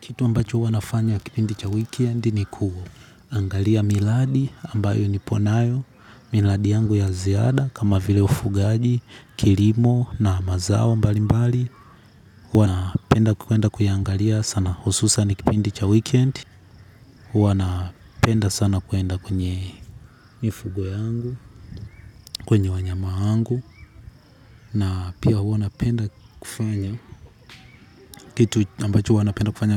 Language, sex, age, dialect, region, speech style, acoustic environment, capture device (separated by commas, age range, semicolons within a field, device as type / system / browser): Swahili, male, 18-24, Tanzania - Dar es Salaam, Tanzania, excited; focused, nature, mobile / Linux / Chrome